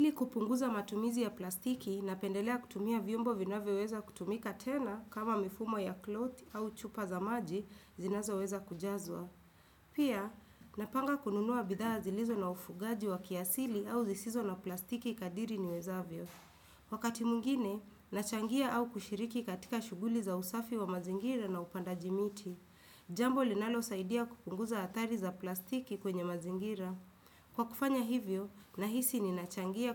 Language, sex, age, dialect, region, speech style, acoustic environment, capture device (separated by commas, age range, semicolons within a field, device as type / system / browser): Swahili, female, 25-34, Kenya - Nairobi Sheng-influenced, Kenya, relaxed, silence, desktop / Windows / Chrome